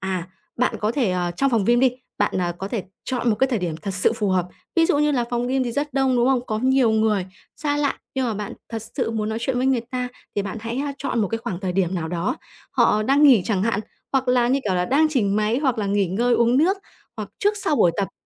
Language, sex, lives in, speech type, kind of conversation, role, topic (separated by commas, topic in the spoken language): Vietnamese, female, Vietnam, conversation, advice, advisor, Làm sao để bắt chuyện và kết nối với người lạ một cách tự nhiên?